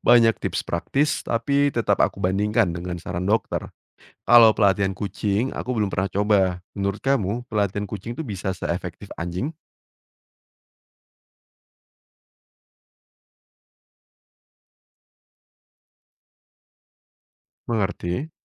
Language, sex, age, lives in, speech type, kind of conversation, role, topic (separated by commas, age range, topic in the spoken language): Indonesian, male, 30-34, Indonesia, read, expert-assistant, asker, Sumber tepercaya mana yang paling sering dipakai pencinta hewan untuk mencari info tentang ras anjing dan kucing?